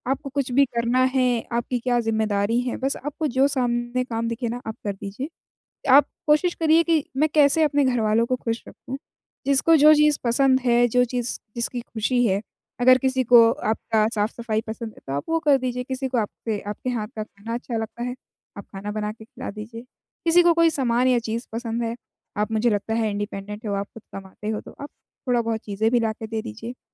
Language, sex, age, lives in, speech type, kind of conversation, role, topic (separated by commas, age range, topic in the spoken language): Hindi, female, 20-24, India, conversation, advice, advisor, मैं अपने चिंतित विचारों को समाधान की रणनीतियों में कैसे बदलूँ?